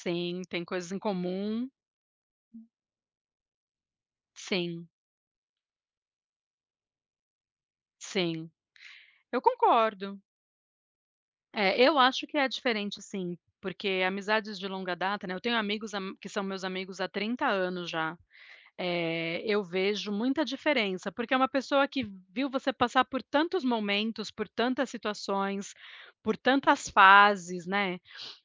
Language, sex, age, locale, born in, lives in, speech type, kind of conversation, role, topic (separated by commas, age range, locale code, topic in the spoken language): Portuguese, female, 40-44, pt-BR, Brazil, United States, conversation, unstructured, none, Qual é o segredo para manter uma amizade de longa data?